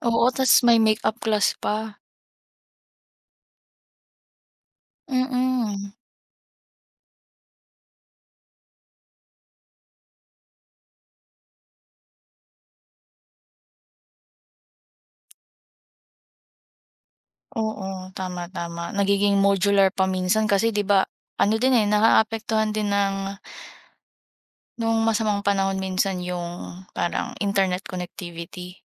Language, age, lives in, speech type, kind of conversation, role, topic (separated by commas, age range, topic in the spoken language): Filipino, 20-24, Philippines, conversation, unstructured, none, Paano binago ng mga imbensyon ang buhay ng tao?